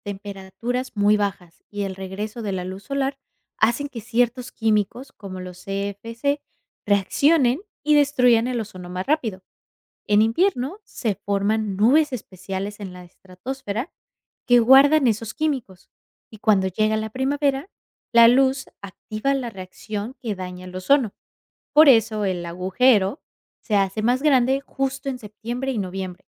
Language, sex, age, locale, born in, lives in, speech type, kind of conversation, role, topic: Spanish, female, 25-29, es-MX, Mexico, United States, read, expert-assistant, expert, ¿Por qué la capa de ozono es esencial para la vida en la Tierra?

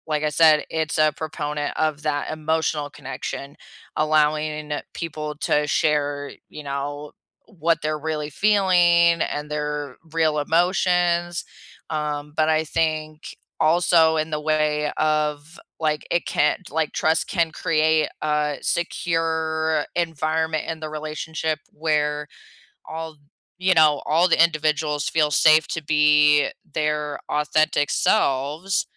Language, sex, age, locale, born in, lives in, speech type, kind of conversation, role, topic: English, female, 30-34, en-US, United States, United States, conversation, unstructured, none, What role does trust play in family and friendships?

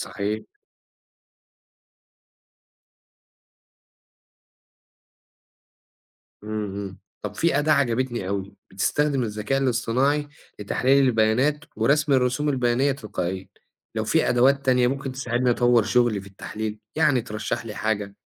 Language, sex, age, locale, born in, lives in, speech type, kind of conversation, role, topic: Arabic, male, 25-29, ar-EG, Egypt, Egypt, read, expert-assistant, asker, لو عايز أبحث عن موضوع معين بعمق على الإنترنت، إيه هي الاستراتيجيات اللي تخليني أوصل لمصادر موثوقة ومحتوى غني مش بس نتائج سطحية؟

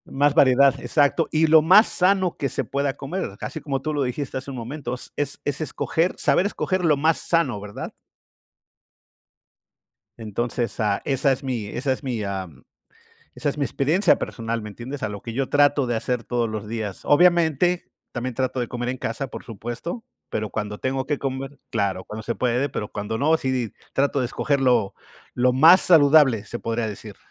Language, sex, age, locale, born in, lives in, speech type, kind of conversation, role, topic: Spanish, male, 50-54, es-US, Mexico, United States, conversation, unstructured, none, ¿Cuál es tu opinión sobre la comida rápida en la sociedad actual?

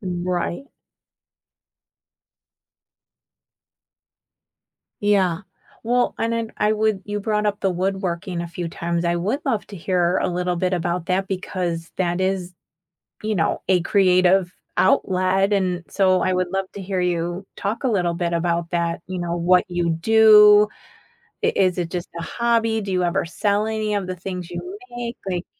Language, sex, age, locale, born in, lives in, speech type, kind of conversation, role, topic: English, female, 50-54, en-US, United States, United States, conversation, podcast, host, How does creative expression shape your life and personal growth?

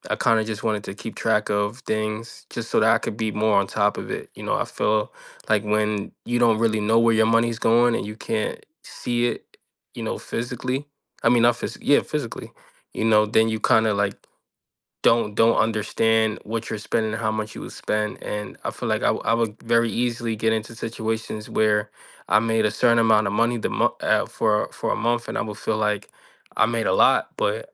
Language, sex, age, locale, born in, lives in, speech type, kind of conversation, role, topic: English, male, 25-29, en-US, United States, United States, conversation, unstructured, none, What has been your hardest financial struggle so far?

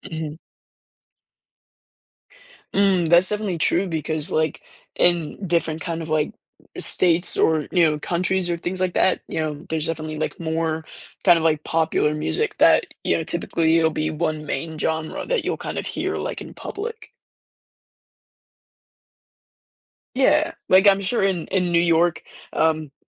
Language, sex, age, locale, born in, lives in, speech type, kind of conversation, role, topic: English, male, 18-19, en-US, United States, United States, conversation, podcast, host, Why do creative expressions like art and music help people connect and understand each other?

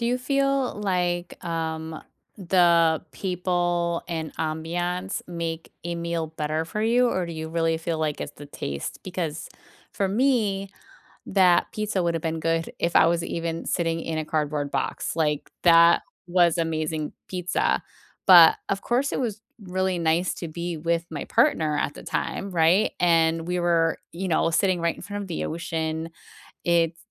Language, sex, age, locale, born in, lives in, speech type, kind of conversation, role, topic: English, female, 40-44, en-US, United States, United States, conversation, unstructured, none, What is a meal you remember vividly, and what made it special for you?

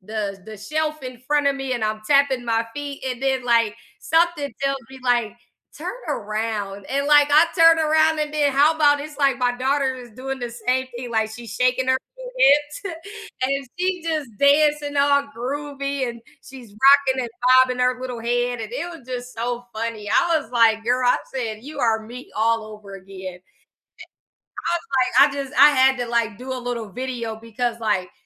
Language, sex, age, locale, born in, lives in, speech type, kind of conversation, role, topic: English, female, 30-34, en-US, United States, United States, conversation, unstructured, none, What is one thing that always makes you smile?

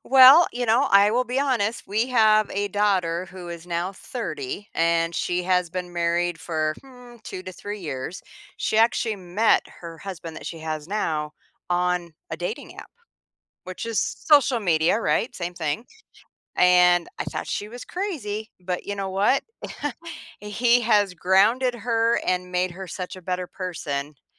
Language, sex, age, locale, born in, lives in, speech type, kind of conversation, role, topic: English, female, 55-59, en-US, United States, United States, conversation, podcast, guest, How has social media changed the way we build and maintain friendships?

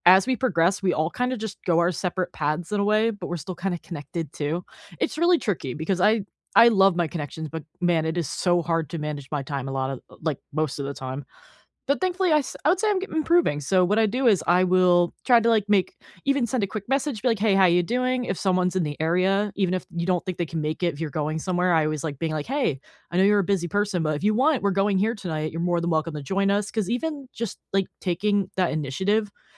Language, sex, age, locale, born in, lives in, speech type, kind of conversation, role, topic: English, female, 25-29, en-US, United States, United States, conversation, unstructured, none, When life gets hectic, what small habits help you keep friendships warm and supportive?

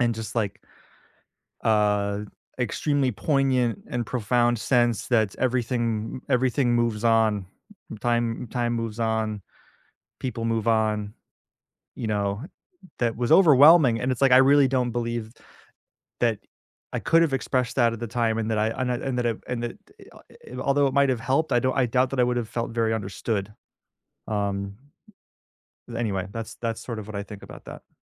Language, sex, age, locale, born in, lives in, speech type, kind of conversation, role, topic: English, male, 30-34, en-US, United States, United States, conversation, unstructured, none, What role does talking about loss play in healing?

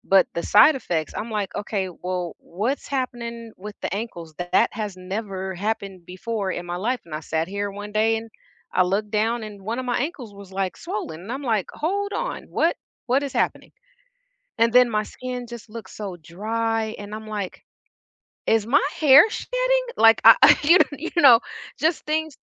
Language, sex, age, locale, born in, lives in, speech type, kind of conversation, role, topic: English, female, 50-54, en-US, United States, United States, conversation, unstructured, none, What scares you most about the future of your physical health?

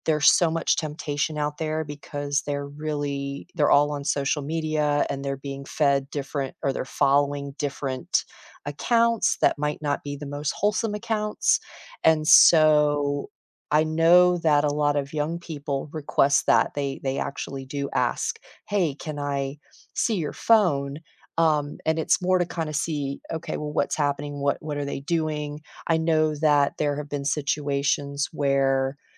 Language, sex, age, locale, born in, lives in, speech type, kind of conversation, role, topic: English, female, 50-54, en-US, United States, United States, conversation, unstructured, none, Should couples always share their passwords with each other?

